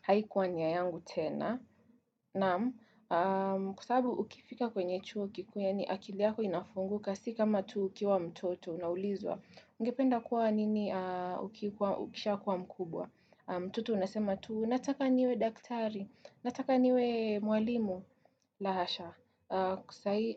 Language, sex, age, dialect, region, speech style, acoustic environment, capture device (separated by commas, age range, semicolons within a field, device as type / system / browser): Swahili, female, 18-24, Kenya - Nairobi Sheng-influenced, Kenya, nervous; focused, people talking; construction, desktop / Windows / Chrome